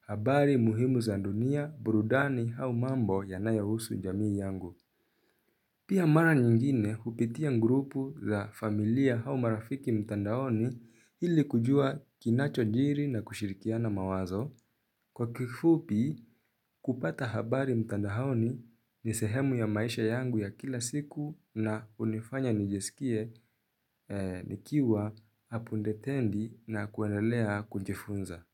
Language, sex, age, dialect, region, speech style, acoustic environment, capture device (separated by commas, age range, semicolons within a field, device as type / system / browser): Swahili, male, 18-24, Kenya - Nairobi Sheng-influenced, Kenya, relaxed, silence, mobile / Linux / Chrome